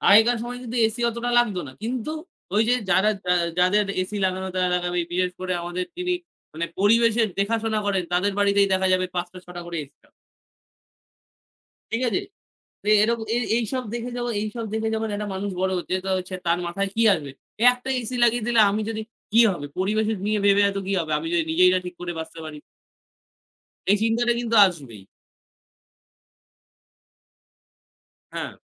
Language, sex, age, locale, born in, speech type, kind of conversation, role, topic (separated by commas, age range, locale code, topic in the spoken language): Bengali, male, 18-19, bn-IN, India, conversation, unstructured, none, প্রকৃতির সুরক্ষা কেন আমাদের জন্য জরুরি?